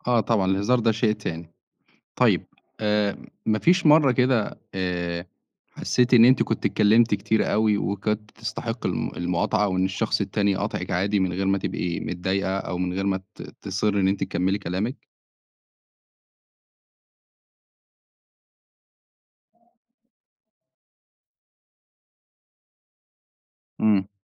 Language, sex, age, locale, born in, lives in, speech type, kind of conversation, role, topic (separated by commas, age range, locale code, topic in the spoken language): Arabic, male, 25-29, ar-EG, Egypt, Egypt, conversation, podcast, host, بتتصرف إزاي لما حد يقاطعك وإنت بتتكلم؟